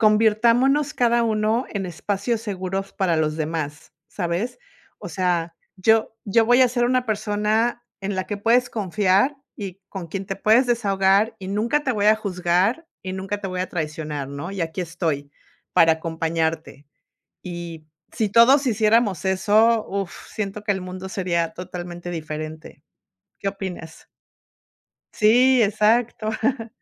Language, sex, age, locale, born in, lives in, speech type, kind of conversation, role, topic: Spanish, female, 50-54, es-MX, Mexico, United States, conversation, unstructured, none, ¿Crees que es importante hablar sobre tus emociones?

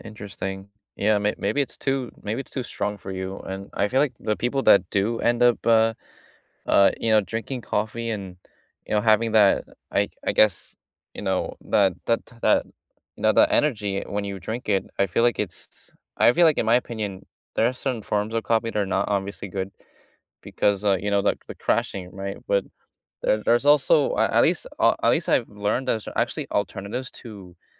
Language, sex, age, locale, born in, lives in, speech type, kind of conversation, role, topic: English, male, 20-24, en-US, United States, United States, conversation, unstructured, none, Which small daily habit has noticeably improved your life, and what's the story behind it?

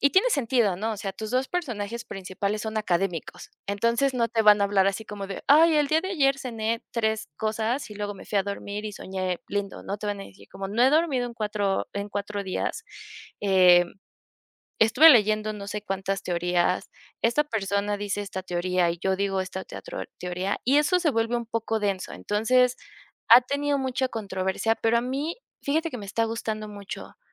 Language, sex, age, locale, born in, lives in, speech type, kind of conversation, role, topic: Spanish, female, 25-29, es-MX, Mexico, Mexico, conversation, podcast, guest, ¿Qué es lo que más disfrutas al leer libros o cómics?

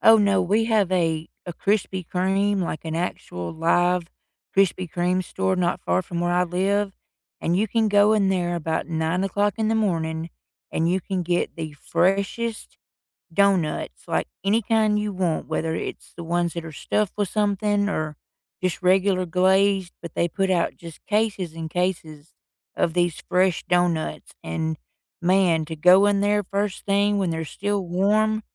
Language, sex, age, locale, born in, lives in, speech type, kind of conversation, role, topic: English, female, 45-49, en-US, United States, United States, conversation, unstructured, none, What is your favorite comfort food, and what memory do you associate with it?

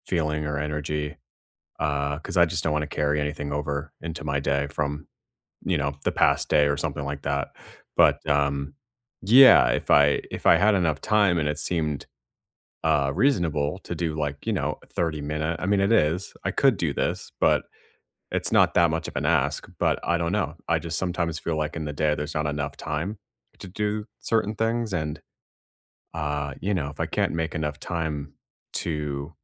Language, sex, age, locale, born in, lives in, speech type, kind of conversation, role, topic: English, male, 30-34, en-US, United States, United States, conversation, unstructured, none, If you had more free time each week, what would you add to feel calmer and happier?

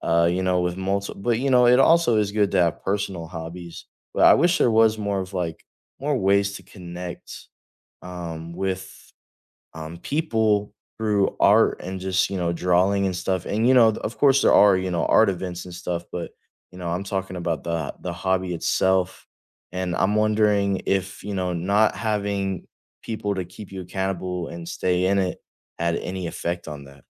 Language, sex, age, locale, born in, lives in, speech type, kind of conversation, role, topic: English, male, 18-19, en-US, United States, United States, conversation, unstructured, none, What is the hardest part about losing a hobby you loved?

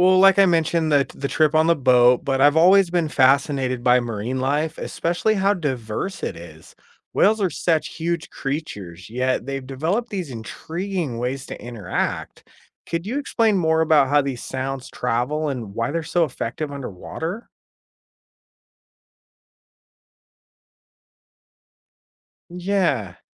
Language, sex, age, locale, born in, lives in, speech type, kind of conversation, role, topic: English, male, 35-39, en-US, United States, United States, read, expert-assistant, asker, How do whales communicate with each other?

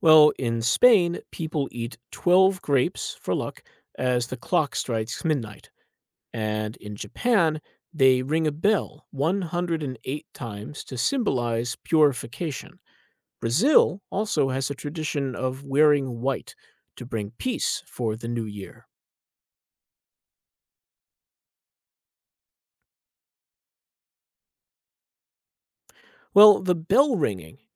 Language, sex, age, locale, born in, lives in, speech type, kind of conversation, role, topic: English, male, 35-39, en-US, United States, United States, read, expert-assistant, expert, How are New Year traditions and celebrations different around the world?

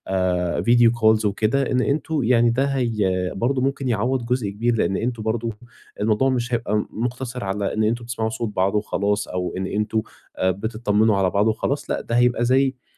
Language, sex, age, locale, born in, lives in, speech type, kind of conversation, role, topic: Arabic, male, 20-24, ar-EG, Egypt, Egypt, conversation, advice, advisor, إزاي أقدر أتأقلم مع التغيير وفقدان الحاجات المألوفة في حياتي؟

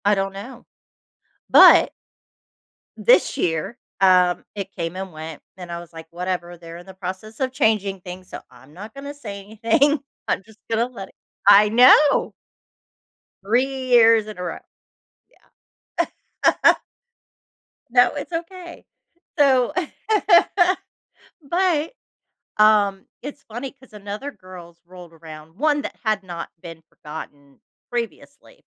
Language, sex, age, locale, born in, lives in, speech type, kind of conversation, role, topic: English, female, 45-49, en-US, United States, United States, conversation, advice, user, How can I stop feeling overlooked?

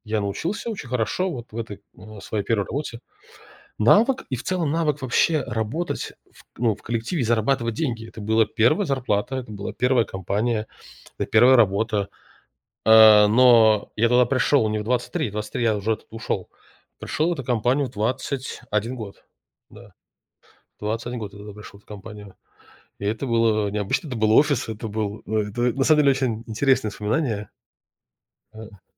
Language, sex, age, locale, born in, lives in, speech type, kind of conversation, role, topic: Russian, male, 35-39, ru-RU, Russia, United States, conversation, podcast, guest, Расскажи о встрече, которая изменила тебя?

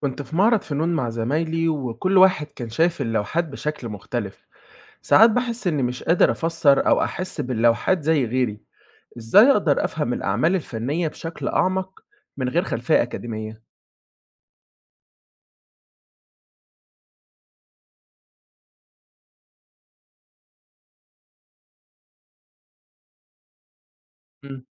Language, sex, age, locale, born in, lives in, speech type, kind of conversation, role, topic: Arabic, male, 20-24, ar-EG, Egypt, Egypt, read, expert-assistant, asker, إزاي أقدر أطور نظرتي الفنية وأفهم الأعمال الفنية بشكل أعمق، حتى لو مش فنان محترف؟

